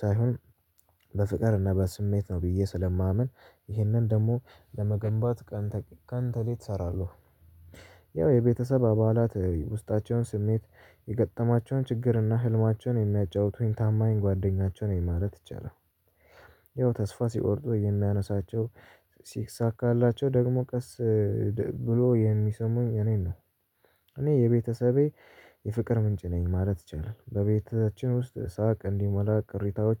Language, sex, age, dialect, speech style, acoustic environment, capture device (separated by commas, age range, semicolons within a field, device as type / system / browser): Amharic, male, 18-24, Ethiopia - Addis Ababa, nervous, nature; people talking; traffic; construction, mobile / Linux / Chrome